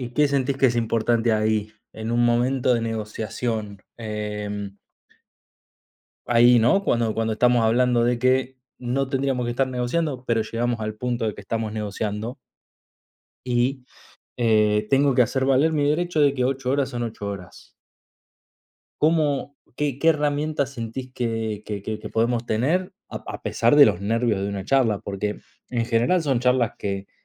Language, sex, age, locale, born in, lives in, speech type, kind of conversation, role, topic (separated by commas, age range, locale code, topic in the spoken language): Spanish, male, 30-34, es-AR, Argentina, Italy, conversation, unstructured, none, ¿Cómo te prepararías para una negociación importante?